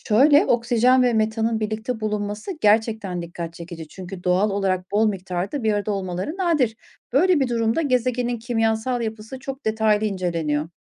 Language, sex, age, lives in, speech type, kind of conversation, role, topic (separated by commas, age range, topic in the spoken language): Turkish, female, 40-44, Germany, read, expert-assistant, expert, Başka gezegenlerde yaşam olup olmadığını anlamak için hangi işaretlere bakılır ve bu işaretler ne anlama gelir?